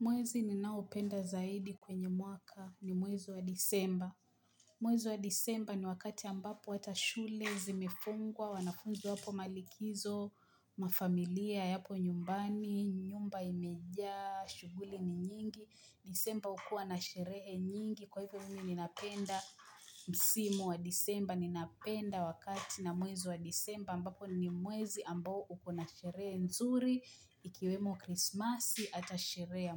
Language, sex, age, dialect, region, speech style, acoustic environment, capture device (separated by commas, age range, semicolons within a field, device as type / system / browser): Swahili, female, 25-34, Kenya - Mombasa, Kenya, happy, people talking; nature, mobile / Linux / Chrome